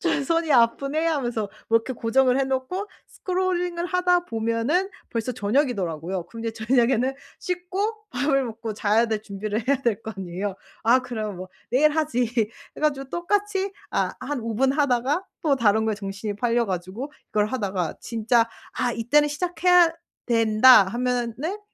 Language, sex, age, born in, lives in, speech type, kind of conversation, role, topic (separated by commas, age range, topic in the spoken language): Korean, female, 25-29, South Korea, Germany, conversation, advice, user, 일을 계속 미루는 습관을 어떻게 고칠 수 있을까요?